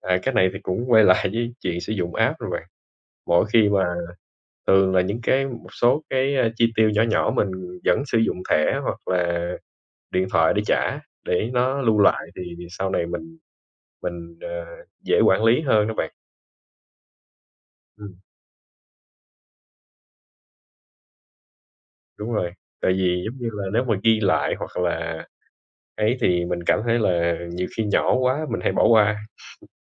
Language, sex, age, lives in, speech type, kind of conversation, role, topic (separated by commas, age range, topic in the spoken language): Vietnamese, male, 25-29, Vietnam, conversation, unstructured, none, Làm thế nào để quản lý chi tiêu một cách hiệu quả?